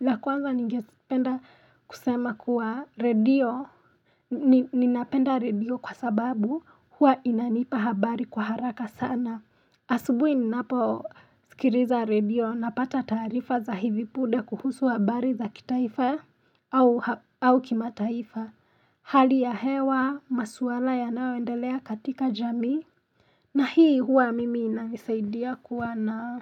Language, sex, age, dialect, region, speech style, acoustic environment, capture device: Swahili, female, 25-34, Kenya - Nairobi Sheng-influenced, Kenya, relaxed; focused; excited, silence; nature, mobile / Linux / Chrome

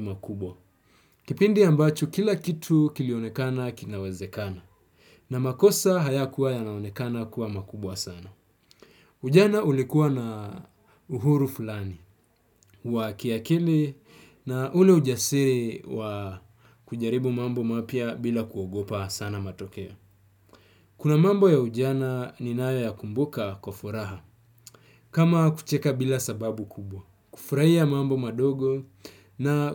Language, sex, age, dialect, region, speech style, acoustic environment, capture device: Swahili, male, 25-34, Kenya - Mombasa, Kenya, relaxed, nature, desktop / Windows / Chrome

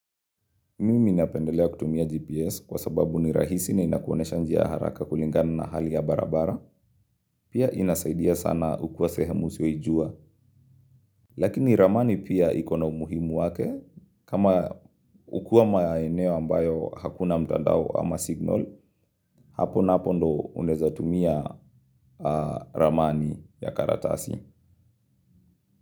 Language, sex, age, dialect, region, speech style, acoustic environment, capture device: Swahili, male, 25-34, Kenya - Nairobi Sheng-influenced, Kenya, relaxed; happy; excited; focused, nature; silence; appliances, mobile / Linux / Chrome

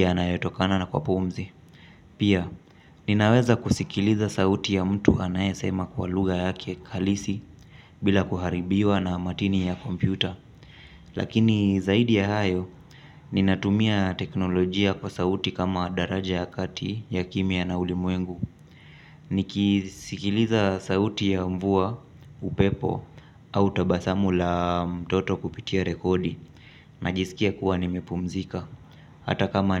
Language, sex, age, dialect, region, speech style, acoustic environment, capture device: Swahili, male, 25-34, Kenya - Mombasa, Kenya, relaxed, silence; appliances; traffic, mobile / Linux / Chrome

